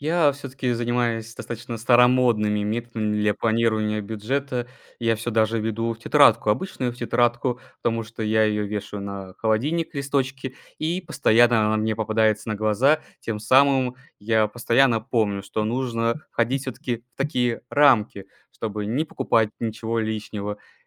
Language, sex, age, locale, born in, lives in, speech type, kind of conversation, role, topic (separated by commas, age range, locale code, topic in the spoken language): Russian, male, 20-24, ru-RU, Russia, Estonia, conversation, unstructured, none, Почему важно уметь планировать бюджет?